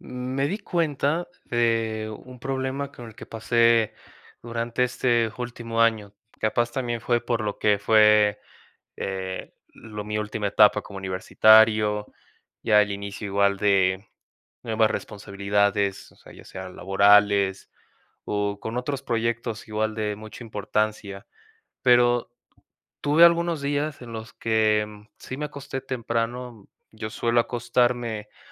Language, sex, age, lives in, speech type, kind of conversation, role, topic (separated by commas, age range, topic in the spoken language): Spanish, male, 20-24, Mexico, conversation, advice, user, ¿Cómo puedo despertarme con más energía cada mañana?